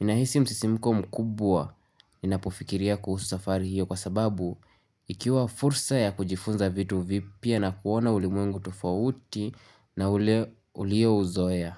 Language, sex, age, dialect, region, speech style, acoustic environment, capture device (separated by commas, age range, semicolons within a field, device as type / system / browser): Swahili, male, 18-24, Kenya - Nairobi Sheng-influenced, Kenya, anxious, nature, mobile / Linux / Chrome